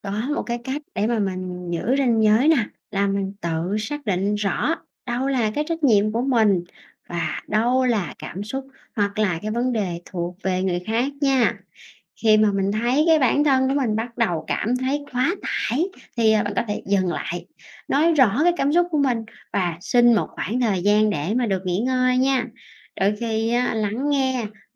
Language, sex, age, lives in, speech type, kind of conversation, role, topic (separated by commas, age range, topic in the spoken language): Vietnamese, female, 30-34, Vietnam, read, expert-assistant, expert, Vai trò của sự đồng cảm trong cuộc sống